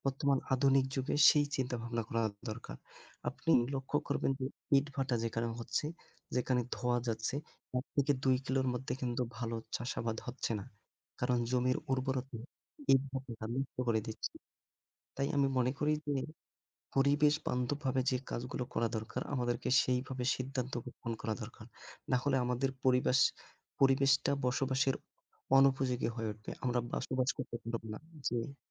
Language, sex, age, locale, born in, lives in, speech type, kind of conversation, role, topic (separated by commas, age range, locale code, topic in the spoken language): Bengali, male, 25-29, bn-BD, Bangladesh, Bangladesh, conversation, unstructured, none, পরিবেশ রক্ষায় ছোট ছোট কাজ কীভাবে সাহায্য করে?